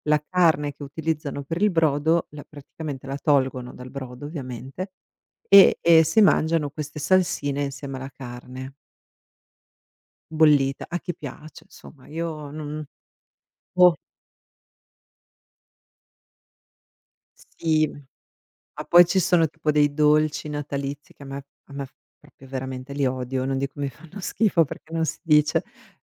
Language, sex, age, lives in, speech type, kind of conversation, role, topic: Italian, female, 45-49, United States, conversation, unstructured, none, Qual è il peggior abbinamento di cibi che tu abbia mai provato?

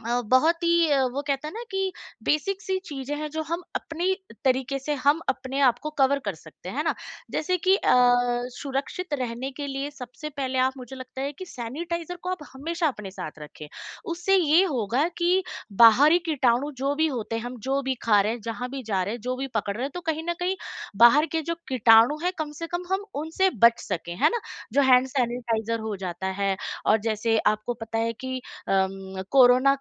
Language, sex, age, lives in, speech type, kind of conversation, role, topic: Hindi, female, 35-39, India, conversation, advice, advisor, यात्रा के दौरान मैं अपनी और अपने परिवार की सुरक्षा और सेहत कैसे बनाए रखूँ?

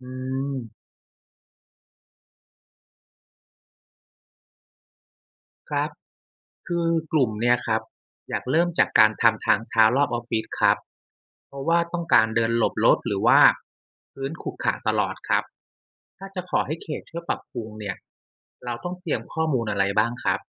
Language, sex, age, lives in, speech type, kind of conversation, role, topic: Thai, male, 35-39, Thailand, read, expert-assistant, asker, ถ้าในย่านที่เราอยู่มีปัญหารถติดและพื้นที่สีเขียวไม่พอ จะเริ่มแก้ไขอย่างไรดีให้ทุกคนอยู่ได้สบายขึ้น?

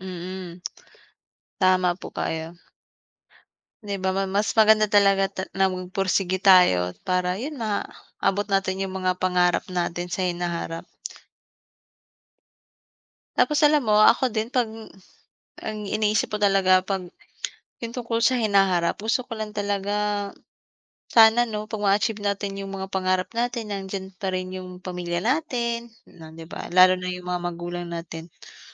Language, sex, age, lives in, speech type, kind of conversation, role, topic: Filipino, female, 25-29, Philippines, conversation, unstructured, none, Anong klaseng buhay ang gusto mong mabuhay sa hinaharap?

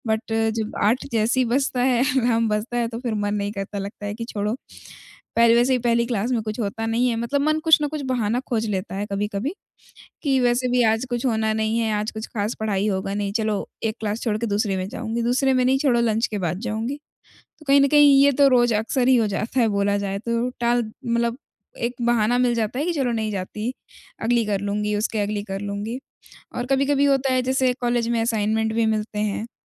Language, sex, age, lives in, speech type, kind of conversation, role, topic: Hindi, female, 20-24, India, conversation, advice, user, मैं बार-बार टालमटोल क्यों करता/करती हूँ, जिससे मेरे महत्वपूर्ण काम टल जाते हैं?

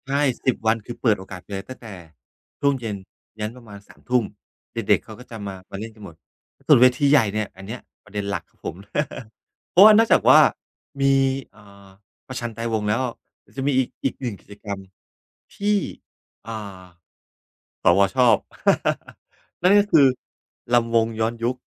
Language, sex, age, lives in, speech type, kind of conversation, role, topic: Thai, male, 45-49, Thailand, conversation, podcast, guest, คุณเคยไปร่วมพิธีหรือเทศกาลท้องถิ่นแล้วรู้สึกอย่างไร?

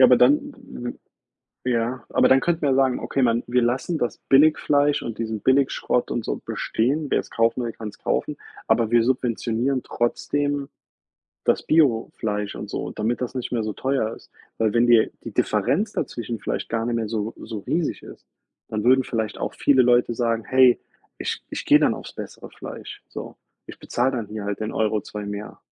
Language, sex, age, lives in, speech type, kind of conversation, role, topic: German, male, 30-34, United States, conversation, unstructured, none, Was empfindest du, wenn es um Massentierhaltung geht?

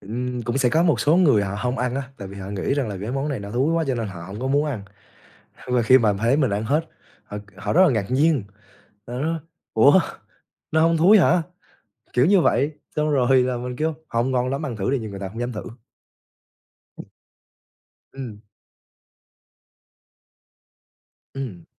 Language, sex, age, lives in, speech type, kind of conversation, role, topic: Vietnamese, male, 20-24, Vietnam, conversation, podcast, guest, Bạn có thể mô tả trải nghiệm ăn đặc sản khi đi du lịch không?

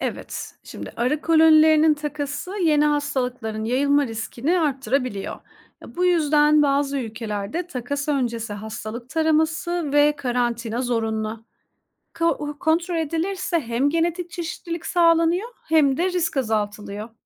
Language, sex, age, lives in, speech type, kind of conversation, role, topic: Turkish, female, 30-34, Estonia, read, expert-assistant, expert, Dünyadaki tüm arılar bir anda kaybolsaydı, ekosistemde ve insan yaşamında neler değişirdi? Hangi önlemlerle bu eksikliği telafi edebilirdik?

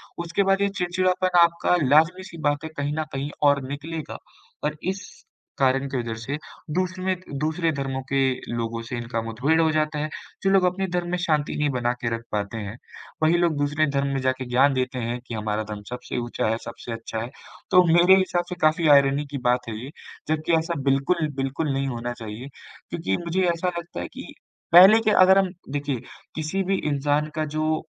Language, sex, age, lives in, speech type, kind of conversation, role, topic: Hindi, male, 18-19, India, conversation, unstructured, none, क्या धर्म समाज में एकता बढ़ाता है या अलगाव पैदा करता है?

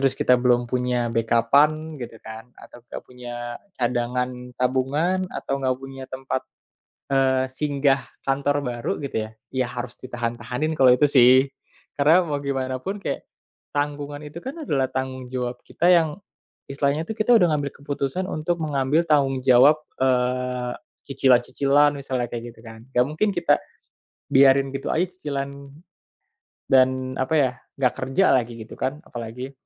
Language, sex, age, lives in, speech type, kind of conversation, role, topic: Indonesian, male, 25-29, Indonesia, conversation, podcast, guest, Bagaimana kamu memilih antara gaji yang lebih tinggi dan budaya kerja yang lebih baik?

